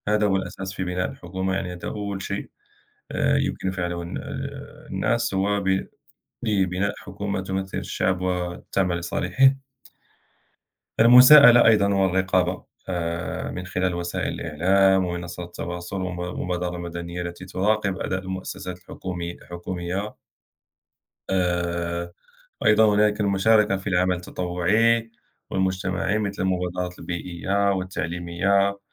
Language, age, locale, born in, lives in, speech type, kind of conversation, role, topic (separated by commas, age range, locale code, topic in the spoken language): Arabic, 30-34, ar-MA, Morocco, France, conversation, unstructured, none, إيه رأيك في دور الحكومة في تحسين حياة الناس؟